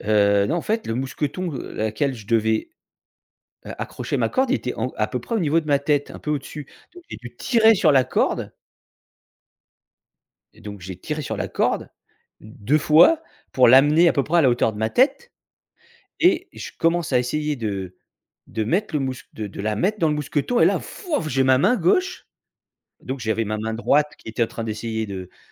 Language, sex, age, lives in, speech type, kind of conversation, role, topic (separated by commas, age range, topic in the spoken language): French, male, 45-49, France, conversation, podcast, guest, Parle-moi d’un loisir qui te fait vraiment plaisir en ce moment ?